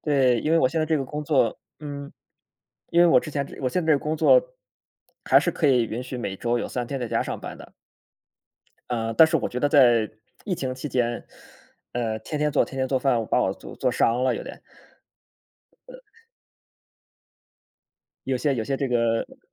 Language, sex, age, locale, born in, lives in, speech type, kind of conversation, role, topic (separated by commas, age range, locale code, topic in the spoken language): Chinese, male, 30-34, zh-CN, China, Germany, conversation, unstructured, none, 你觉得哪些事情能让你感到快乐？